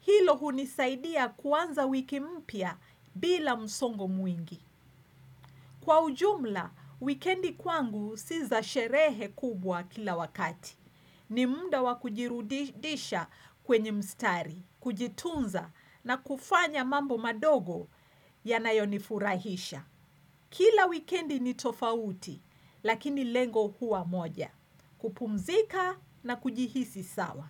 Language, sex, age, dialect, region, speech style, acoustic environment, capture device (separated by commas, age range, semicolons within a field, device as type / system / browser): Swahili, female, 45-54, Kenya - Nairobi Sheng-influenced, Kenya, relaxed, silence, desktop / Windows / Chrome